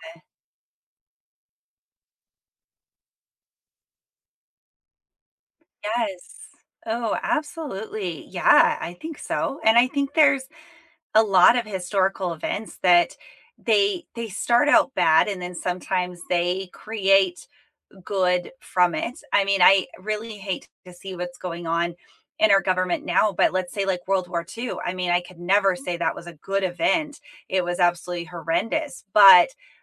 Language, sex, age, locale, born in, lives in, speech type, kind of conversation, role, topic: English, female, 35-39, en-US, United States, United States, conversation, unstructured, none, What is a historical event that changed the world for the better?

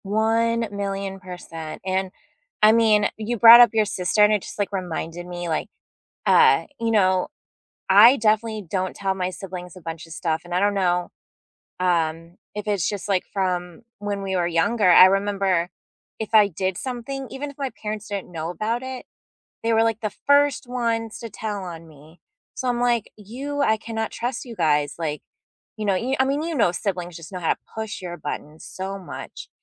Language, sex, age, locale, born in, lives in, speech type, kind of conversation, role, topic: English, female, 35-39, en-US, United States, United States, conversation, unstructured, none, Should you be completely open about how you have really been feeling lately?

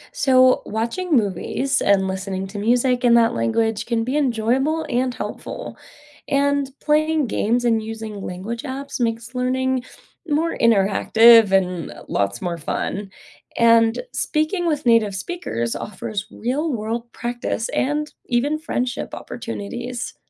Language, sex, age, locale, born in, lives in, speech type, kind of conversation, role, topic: English, female, 20-24, en-US, United States, United States, read, expert-assistant, expert, What are the benefits of learning a second language?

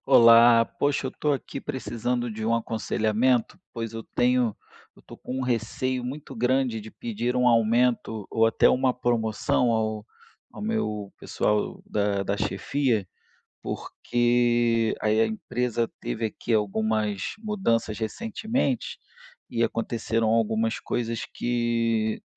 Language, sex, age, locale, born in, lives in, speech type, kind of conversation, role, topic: Portuguese, male, 35-39, pt-BR, Brazil, Spain, conversation, advice, user, Como posso superar o receio de pedir um aumento ou uma promoção?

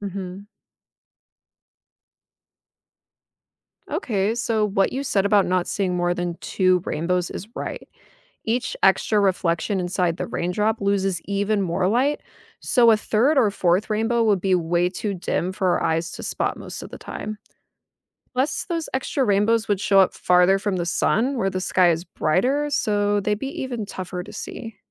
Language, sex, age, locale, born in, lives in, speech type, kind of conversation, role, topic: English, female, 30-34, en-US, United States, United States, read, expert-assistant, expert, Why do rainbows form after it rains?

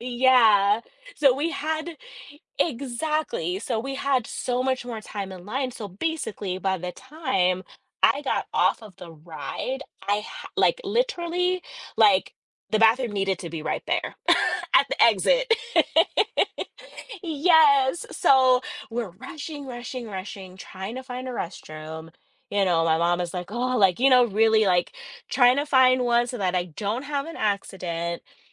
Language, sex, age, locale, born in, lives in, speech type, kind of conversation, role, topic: English, female, 40-44, en-US, United States, United States, conversation, unstructured, none, How have your childhood experiences with loved ones influenced who you are today?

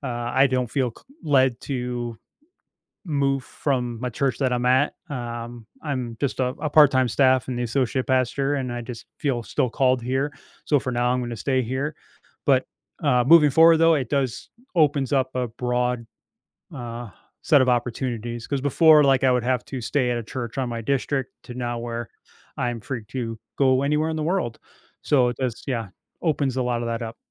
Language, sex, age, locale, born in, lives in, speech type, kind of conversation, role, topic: English, male, 45-49, en-US, United States, United States, conversation, advice, user, How can I get promoted at work?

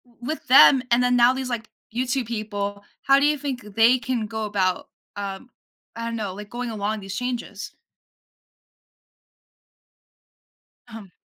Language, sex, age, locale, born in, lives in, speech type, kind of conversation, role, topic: English, female, 18-19, en-US, United States, United States, conversation, podcast, host, How does technology change learning?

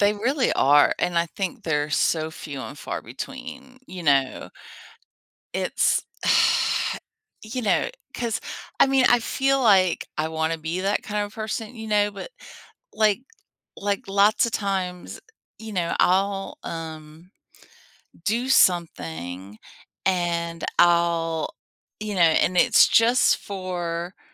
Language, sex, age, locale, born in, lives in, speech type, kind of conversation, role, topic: English, female, 50-54, en-US, United States, United States, conversation, unstructured, none, How does showing or receiving kindness affect your overall happiness?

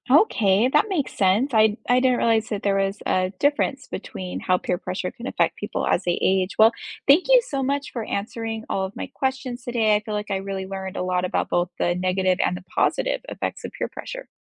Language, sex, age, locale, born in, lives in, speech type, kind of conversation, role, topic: English, female, 30-34, en-US, United States, United States, read, expert-assistant, asker, How does peer pressure affect decision-making and behavior?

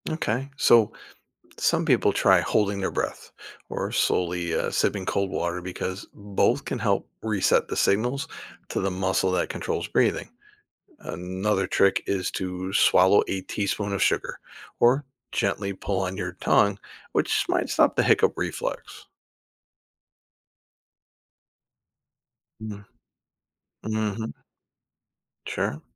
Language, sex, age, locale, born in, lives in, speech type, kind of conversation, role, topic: English, male, 50-54, en-US, United States, United States, read, expert-assistant, expert, What causes hiccups and how can they be stopped?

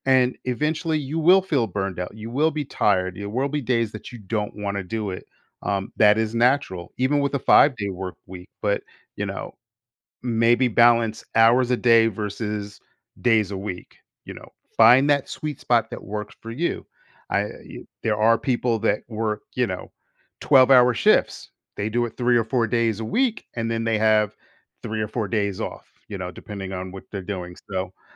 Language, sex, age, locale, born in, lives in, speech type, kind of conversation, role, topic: English, male, 50-54, en-US, United States, United States, conversation, advice, advisor, How can I reduce stress and set boundaries between work and personal life?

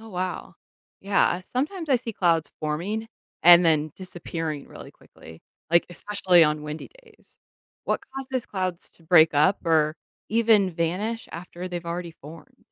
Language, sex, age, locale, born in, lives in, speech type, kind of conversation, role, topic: English, female, 30-34, en-US, United States, United States, read, expert-assistant, asker, How do clouds form?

